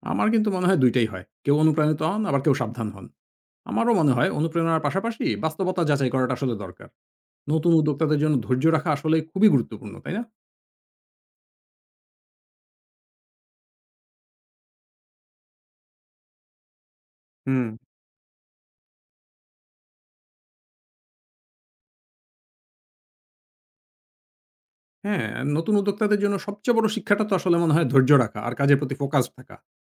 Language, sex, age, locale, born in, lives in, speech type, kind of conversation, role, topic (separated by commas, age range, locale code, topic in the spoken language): Bengali, male, 40-44, bn-BD, Bangladesh, Finland, read, expert-assistant, asker, অনলাইনে কোনো স্টার্টআপ সফল বললে, কীভাবে বুঝব এই তথ্যটা সত্যি?